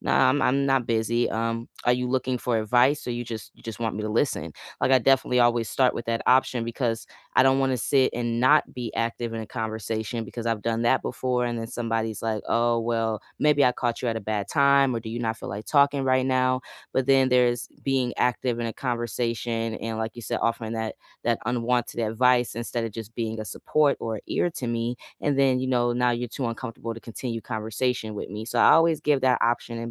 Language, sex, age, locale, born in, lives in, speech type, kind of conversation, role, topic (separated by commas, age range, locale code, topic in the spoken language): English, female, 30-34, en-US, United States, United States, conversation, unstructured, none, How do you ask for help and offer support to others?